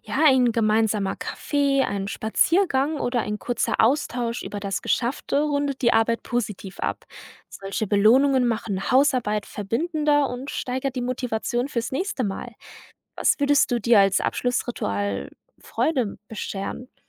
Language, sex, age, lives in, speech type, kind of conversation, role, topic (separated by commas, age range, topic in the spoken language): German, female, 20-24, Germany, read, expert-assistant, expert, Wie bringe ich mehr Freude und Entspannung in meine Haus- und Gartenroutine?